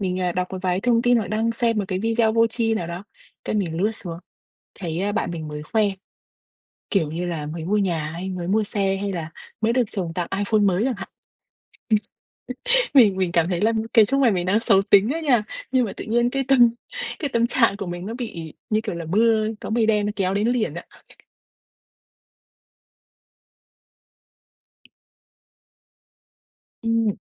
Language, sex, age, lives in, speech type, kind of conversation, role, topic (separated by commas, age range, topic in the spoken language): Vietnamese, female, 25-29, Vietnam, conversation, advice, user, Vì sao việc so sánh bản thân với bạn bè trên mạng xã hội khiến bạn mất tự tin?